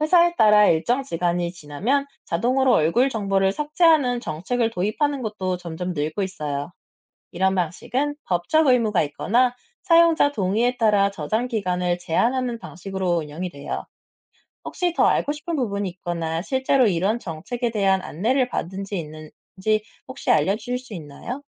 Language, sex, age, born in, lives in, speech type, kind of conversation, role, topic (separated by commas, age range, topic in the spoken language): Korean, male, 30-34, South Korea, France, read, expert-assistant, expert, 생체 정보 기반 결제 시스템의 장단점은 무엇인가요?